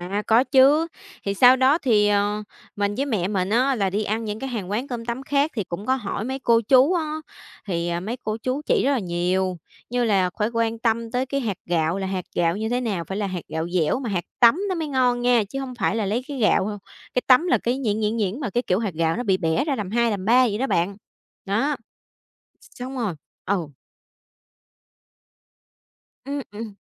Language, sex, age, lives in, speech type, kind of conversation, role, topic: Vietnamese, female, 25-29, Vietnam, conversation, podcast, guest, Món ăn quê nhà nào gợi lại ký ức vị giác của bạn?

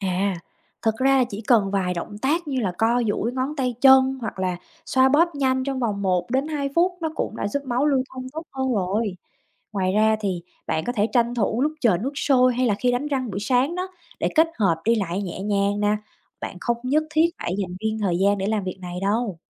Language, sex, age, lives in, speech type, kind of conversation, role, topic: Vietnamese, female, 30-34, United States, read, expert-assistant, expert, Tại sao khi trời lạnh, tay chân dễ bị tê?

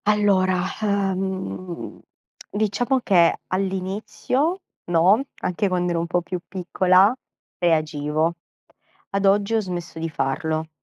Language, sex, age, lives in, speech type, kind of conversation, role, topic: Italian, female, 30-34, Italy, conversation, advice, user, Come posso stabilire confini più chiari con la mia famiglia?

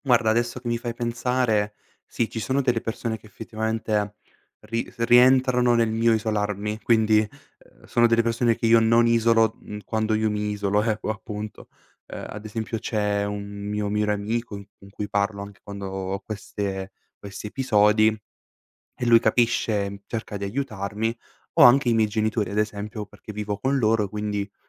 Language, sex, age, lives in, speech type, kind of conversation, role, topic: Italian, male, 18-19, Italy, conversation, advice, user, Isolarsi quando ci si sente stressati o tristi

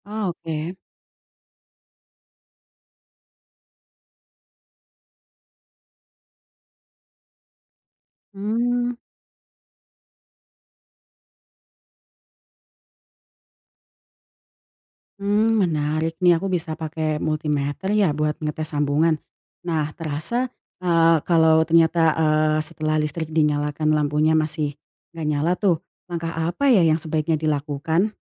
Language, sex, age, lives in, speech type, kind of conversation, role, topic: Indonesian, female, 20-24, Indonesia, read, expert-assistant, asker, Mengapa lampu bisa menyala saat saklar ditekan?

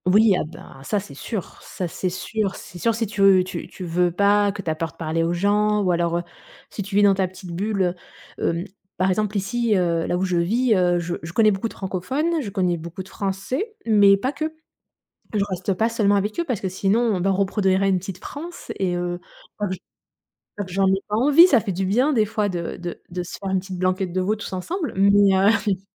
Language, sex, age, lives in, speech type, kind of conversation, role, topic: French, female, 35-39, Germany, conversation, podcast, guest, Comment la migration a-t-elle touché ta famille ?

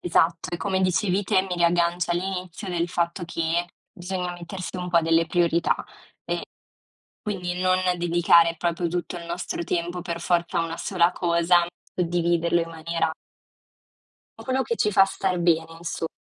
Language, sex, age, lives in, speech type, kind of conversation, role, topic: Italian, female, 20-24, Italy, conversation, unstructured, none, Come si può negoziare il tempo da trascorrere insieme?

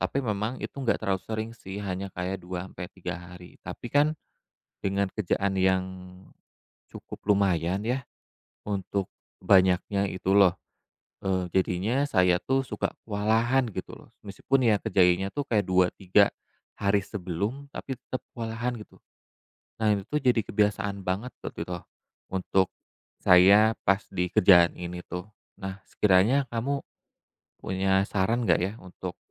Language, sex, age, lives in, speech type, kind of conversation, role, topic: Indonesian, male, 35-39, Indonesia, conversation, advice, user, Mengapa kamu sering menunda tugas penting sampai mendekati tenggat waktu?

